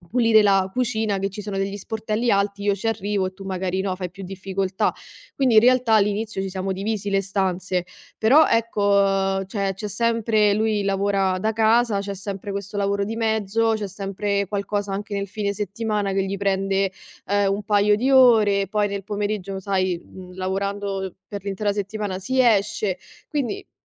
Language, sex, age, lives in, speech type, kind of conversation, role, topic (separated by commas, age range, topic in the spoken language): Italian, female, 25-29, Italy, conversation, advice, user, Perché litighi sempre per le stesse cose con il tuo partner?